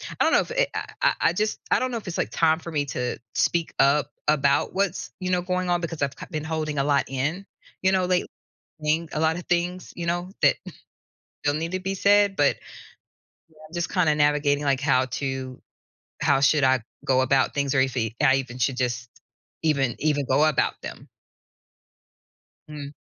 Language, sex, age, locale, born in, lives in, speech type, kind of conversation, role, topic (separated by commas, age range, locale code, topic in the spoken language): English, female, 45-49, en-US, United States, United States, conversation, advice, user, How can I address tension with a close friend?